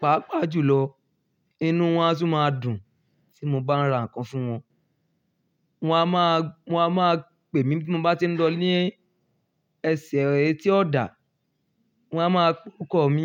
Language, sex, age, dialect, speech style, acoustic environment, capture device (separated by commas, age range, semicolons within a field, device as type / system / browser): Yoruba, male, 25-34, Nigeria - Ibadan, happy, nature, mobile / Linux / Chrome